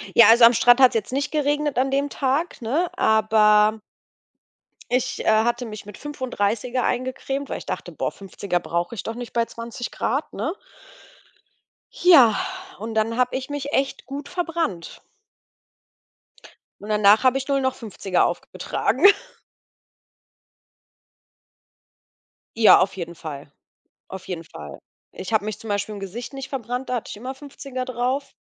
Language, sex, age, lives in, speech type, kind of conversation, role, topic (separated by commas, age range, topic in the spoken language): German, female, 30-34, Germany, conversation, unstructured, none, Was war dein schönster Moment auf einer Reise?